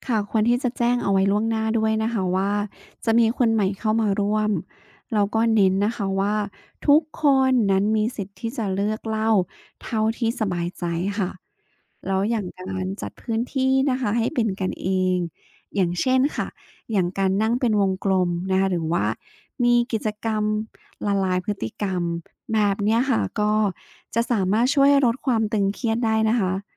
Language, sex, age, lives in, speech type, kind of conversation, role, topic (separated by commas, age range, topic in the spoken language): Thai, female, 35-39, Thailand, read, expert-assistant, expert, แหล่งข้อมูลแบบไหนที่ช่วยให้เราเห็นมุมมองหลากหลายเวลาศึกษาชุมชนในไทย?